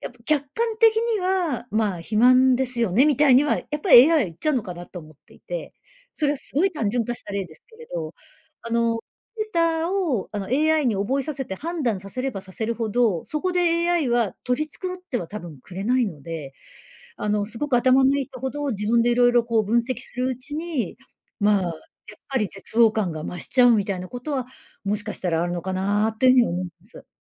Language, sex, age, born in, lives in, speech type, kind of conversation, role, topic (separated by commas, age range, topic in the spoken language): Japanese, female, 55-59, Japan, Japan, conversation, podcast, guest, 仕事でAIの導入が進んだら、私たちの生活はどう変わると思いますか？